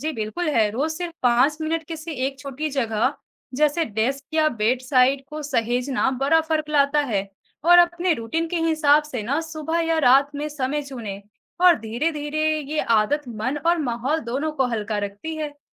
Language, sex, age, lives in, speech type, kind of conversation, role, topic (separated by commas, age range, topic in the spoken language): Hindi, female, 20-24, India, read, expert-assistant, expert, हम अपने रोज़मर्रा के तनाव को कम करने के लिए कौन-कौन से नए और आसान तरीके अपना सकते हैं?